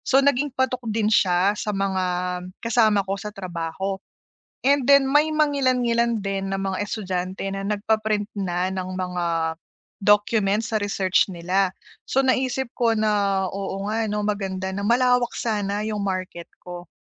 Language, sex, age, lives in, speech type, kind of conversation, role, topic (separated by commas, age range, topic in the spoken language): Filipino, female, 30-34, Philippines, conversation, advice, user, Paano ko matutukoy kung sino ang target na merkado ko?